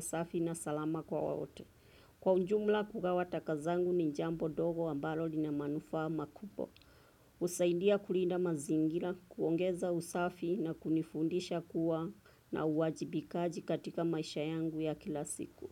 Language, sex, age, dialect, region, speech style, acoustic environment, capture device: Swahili, female, 25-34, Kenya - Nairobi Sheng-influenced, Kenya, relaxed; focused, traffic; nature, desktop / Windows / Chrome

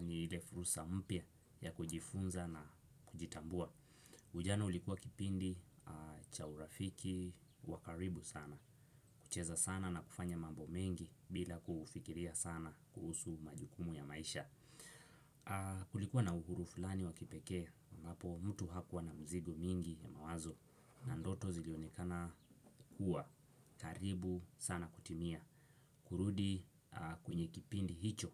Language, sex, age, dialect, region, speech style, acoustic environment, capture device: Swahili, male, 18-24, Kenya - Mombasa, Kenya, excited; focused, nature, desktop / Windows / Chrome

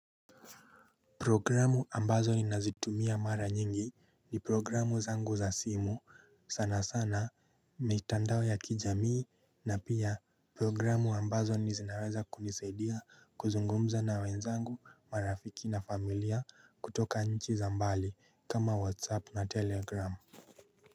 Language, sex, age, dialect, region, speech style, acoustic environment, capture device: Swahili, male, 25-34, Kenya - Nairobi Sheng-influenced, Kenya, happy, nature; silence, mobile / Linux / Chrome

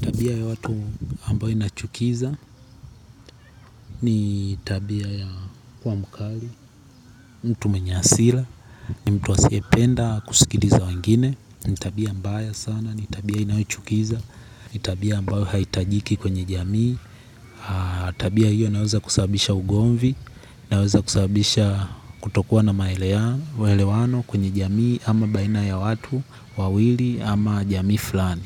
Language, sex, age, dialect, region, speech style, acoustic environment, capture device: Swahili, male, 18-24, Tanzania - Dar es Salaam, Tanzania, focused; excited, nature, mobile / Linux / Chrome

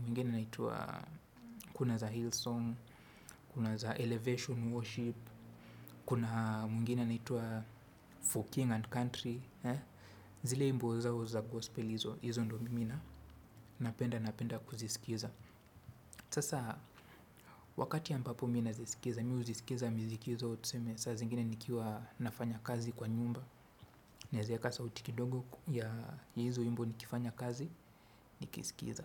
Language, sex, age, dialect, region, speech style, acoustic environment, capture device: Swahili, male, 25-34, Kenya - Nairobi Sheng-influenced, Kenya, focused, traffic, desktop / Windows / Chrome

